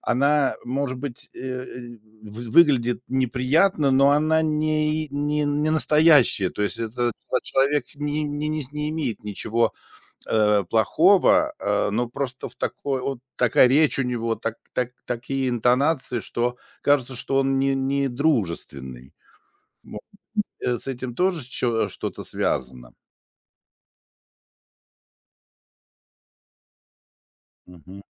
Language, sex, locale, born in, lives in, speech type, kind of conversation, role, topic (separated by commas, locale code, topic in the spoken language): Russian, male, ru-RU, Russia, Germany, conversation, advice, advisor, В какой ситуации вы получили негативную обратную связь и как вы её обсудили?